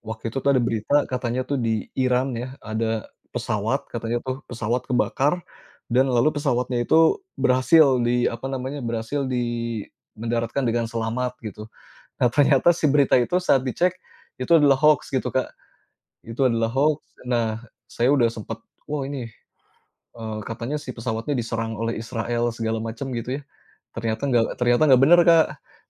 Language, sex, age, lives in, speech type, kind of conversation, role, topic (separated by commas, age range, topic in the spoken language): Indonesian, male, 35-39, Indonesia, conversation, podcast, guest, Bagaimana kamu menilai berita yang bertebaran di internet?